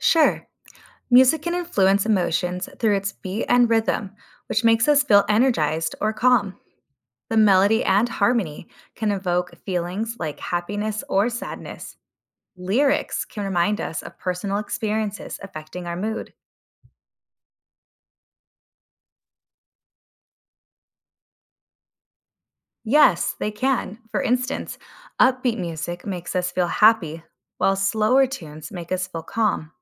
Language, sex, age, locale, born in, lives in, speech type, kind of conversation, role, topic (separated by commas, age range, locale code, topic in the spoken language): English, female, 30-34, en-US, United States, United States, read, expert-assistant, expert, Explain how music influences moods and emotions.